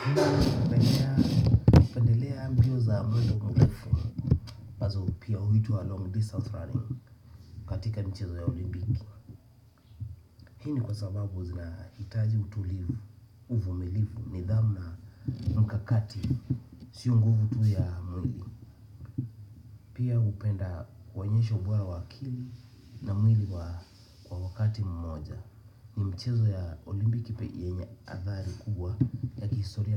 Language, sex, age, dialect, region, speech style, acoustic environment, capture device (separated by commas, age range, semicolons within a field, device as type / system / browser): Swahili, male, 25-34, Kenya - Nairobi Sheng-influenced, Kenya, nervous, nature, desktop / Windows / Chrome